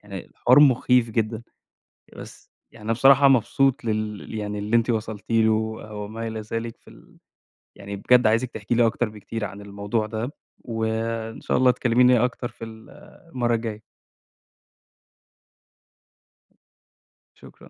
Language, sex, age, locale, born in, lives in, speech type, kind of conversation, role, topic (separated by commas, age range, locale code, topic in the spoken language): Arabic, male, 25-29, ar-EG, Egypt, Egypt, conversation, podcast, host, لو قدامك طريق مضمون وطريق فيه مغامرة، هتختار أنهي واحد؟